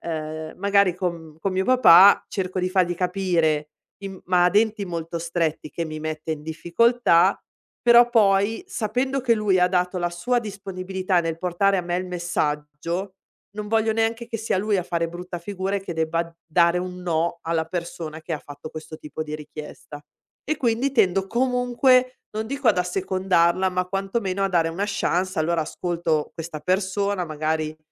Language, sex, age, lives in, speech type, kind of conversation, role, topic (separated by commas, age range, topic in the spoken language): Italian, female, 40-44, Italy, conversation, advice, user, Come posso gestire il senso di colpa quando dico di no?